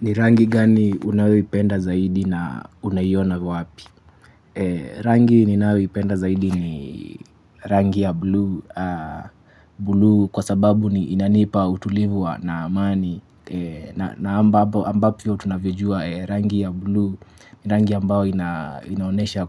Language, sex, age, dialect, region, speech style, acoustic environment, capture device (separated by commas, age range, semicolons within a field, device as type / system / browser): Swahili, male, 25-34, Kenya - Mombasa, Kenya, focused, nature, mobile / Linux / Chrome